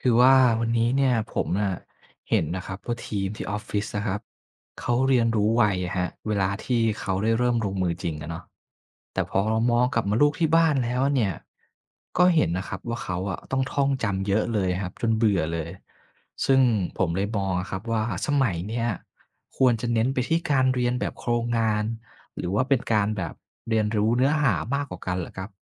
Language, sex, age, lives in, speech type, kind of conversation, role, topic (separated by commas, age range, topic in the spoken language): Thai, male, 25-29, Thailand, read, expert-assistant, asker, การเรียนแบบเน้นโครงงานกับการเรียนแบบเนื้อหา แบบไหนเหมาะกับโลกยุคใหม่มากกว่ากัน?